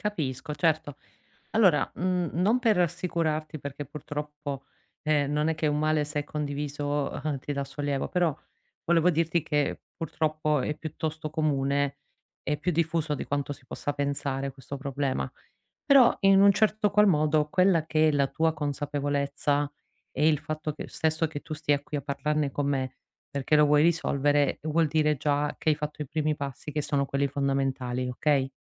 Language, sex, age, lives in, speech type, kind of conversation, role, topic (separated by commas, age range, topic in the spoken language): Italian, female, 40-44, Italy, conversation, advice, advisor, Timore di fallire impedisce l'azione